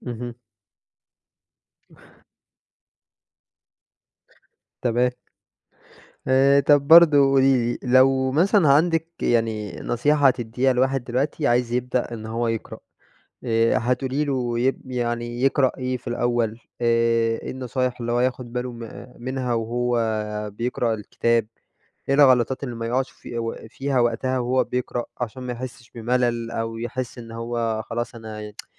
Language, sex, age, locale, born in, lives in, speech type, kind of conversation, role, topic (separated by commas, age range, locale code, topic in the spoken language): Arabic, male, 20-24, ar-EG, Egypt, Egypt, conversation, podcast, host, الهواية بتأثر على حياتك إزاي؟